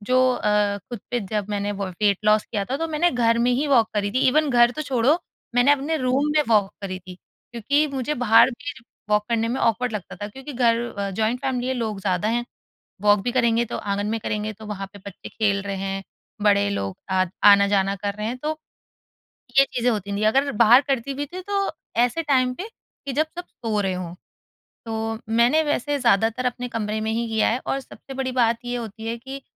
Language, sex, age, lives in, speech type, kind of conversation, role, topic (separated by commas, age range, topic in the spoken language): Hindi, female, 30-34, India, conversation, podcast, guest, Aapne rozana vyayam ko apni aadat kaise banaya?